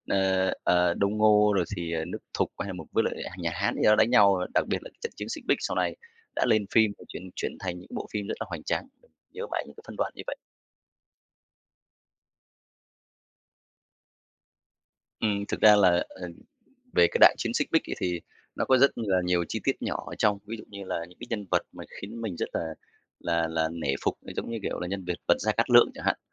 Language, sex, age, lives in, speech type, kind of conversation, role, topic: Vietnamese, male, 35-39, Vietnam, conversation, podcast, guest, Bạn có thể kể về một bộ phim hoặc chương trình đã khiến bạn thay đổi cách nghĩ không?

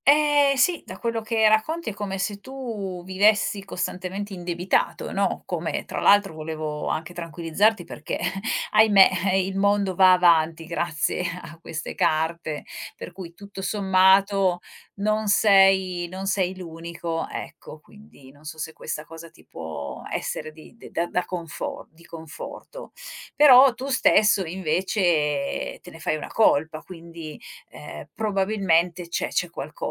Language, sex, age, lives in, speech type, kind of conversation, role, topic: Italian, female, 45-49, Italy, conversation, advice, advisor, Come descriveresti lo stress che provi per i debiti delle carte di credito che aumentano ogni mese?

